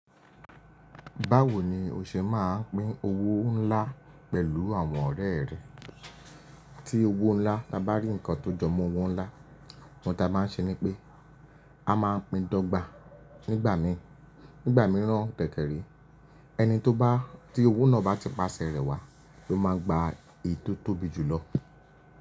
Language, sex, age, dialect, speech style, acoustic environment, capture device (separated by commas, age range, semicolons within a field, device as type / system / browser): Yoruba, male, 25-34, Nigeria - Lagos Yoruba, relaxed, silence, mobile / Linux / Chrome